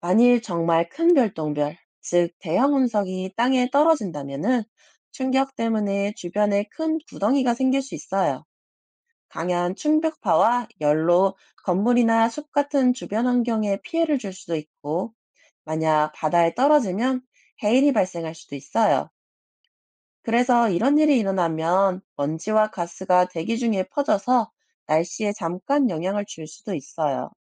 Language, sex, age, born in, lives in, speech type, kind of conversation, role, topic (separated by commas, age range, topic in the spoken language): Korean, male, 30-34, South Korea, France, read, expert-assistant, expert, 별똥별은 왜 떨어지는 것처럼 보일까요?